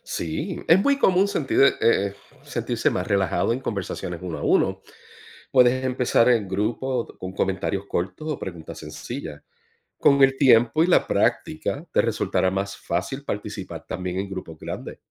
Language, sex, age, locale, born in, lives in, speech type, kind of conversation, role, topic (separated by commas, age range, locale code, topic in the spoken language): Spanish, male, 45-49, es-US, Puerto Rico, United States, read, expert-assistant, expert, ¿Cómo puedo ganar confianza para participar y hacer preguntas en capacitaciones sobre tecnología agrícola?